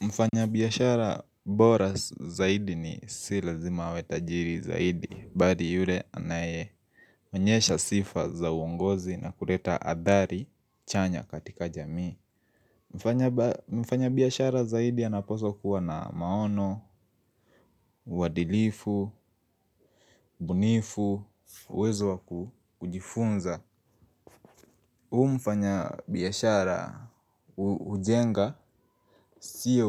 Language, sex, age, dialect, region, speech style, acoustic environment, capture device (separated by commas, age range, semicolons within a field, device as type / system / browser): Swahili, male, 25-34, Kenya - Nairobi Sheng-influenced, Kenya, happy; focused, silence; nature, mobile / Linux / Chrome